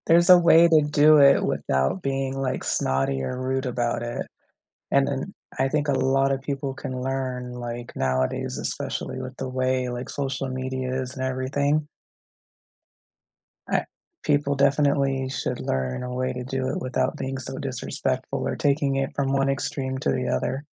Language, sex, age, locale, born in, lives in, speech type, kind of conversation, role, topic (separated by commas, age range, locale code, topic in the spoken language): English, female, 35-39, en-US, United States, United States, conversation, unstructured, none, What is the happiest birthday you remember, and what made it special?